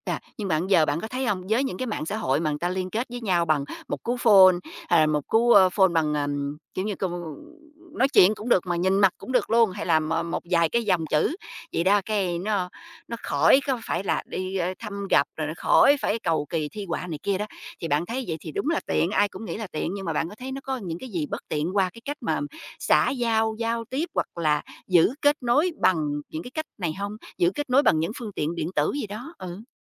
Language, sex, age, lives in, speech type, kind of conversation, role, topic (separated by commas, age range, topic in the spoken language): Vietnamese, female, 45-49, United States, conversation, podcast, host, Bạn thấy mạng xã hội giúp ích hay gây hại cho các mối quan hệ xã hội như thế nào?